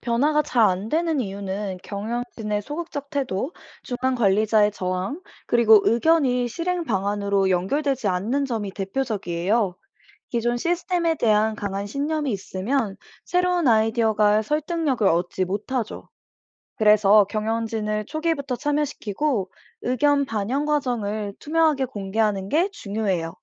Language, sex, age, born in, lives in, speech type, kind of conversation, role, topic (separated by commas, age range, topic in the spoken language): Korean, female, 25-29, South Korea, United States, read, expert-assistant, expert, 응용인류학자가 하는 일이 뭔지 감이 잘 안 와요. 혹시 일상 속에서 어떤 역할을 하는지 알려줄 수 있나요?